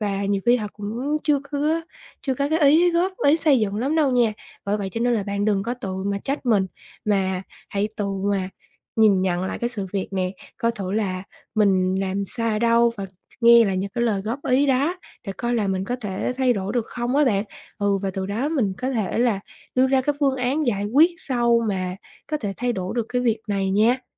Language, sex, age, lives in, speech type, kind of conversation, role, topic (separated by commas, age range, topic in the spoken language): Vietnamese, female, 20-24, Vietnam, conversation, advice, advisor, Làm sao để tách lời phê bình khỏi giá trị bản thân?